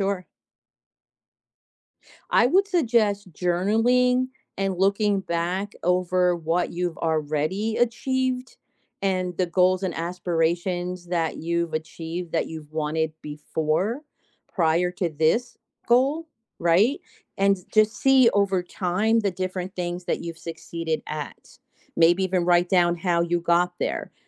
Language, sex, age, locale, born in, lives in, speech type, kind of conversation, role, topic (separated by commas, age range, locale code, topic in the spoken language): English, female, 55-59, en-US, United States, United States, conversation, podcast, guest, What helps you stay focused on your future goals while handling everyday responsibilities?